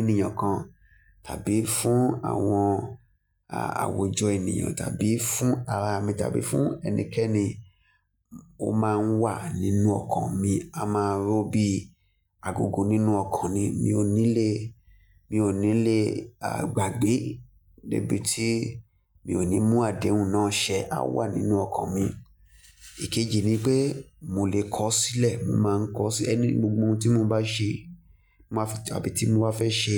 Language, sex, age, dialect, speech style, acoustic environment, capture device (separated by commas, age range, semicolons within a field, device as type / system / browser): Yoruba, male, 25-34, Nigeria - Lagos Yoruba, focused, nature, mobile / Linux / Chrome